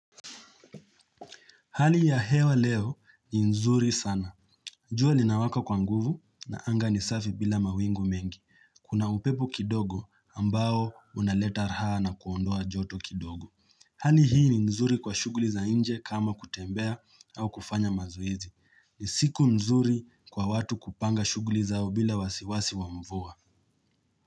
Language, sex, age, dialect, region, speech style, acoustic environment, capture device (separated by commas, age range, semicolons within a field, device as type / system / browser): Swahili, male, 25-34, Kenya - Nairobi Sheng-influenced, Kenya, relaxed; focused; happy, nature; silence, mobile / Linux / Chrome